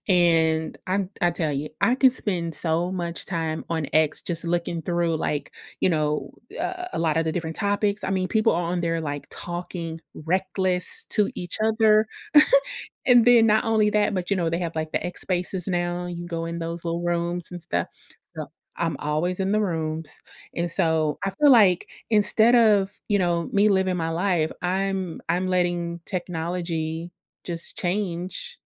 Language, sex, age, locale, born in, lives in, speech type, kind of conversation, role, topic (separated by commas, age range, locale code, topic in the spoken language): English, female, 30-34, en-US, United States, United States, conversation, podcast, host, In what ways has technology transformed the way we live and manage our daily lives?